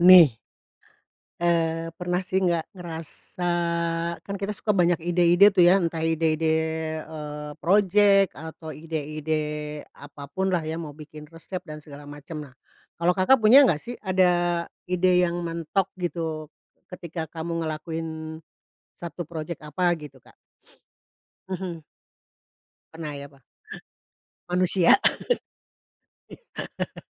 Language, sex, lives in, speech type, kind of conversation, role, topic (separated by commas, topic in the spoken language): Indonesian, female, Indonesia, conversation, podcast, host, Apa yang kamu lakukan saat ide mentok?